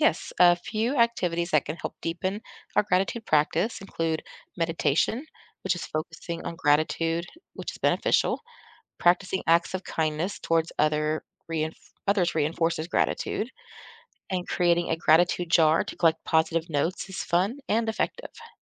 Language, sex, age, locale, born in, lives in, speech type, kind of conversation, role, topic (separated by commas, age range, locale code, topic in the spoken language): English, female, 40-44, en-US, United States, United States, read, expert-assistant, expert, What are the benefits of practicing gratitude daily?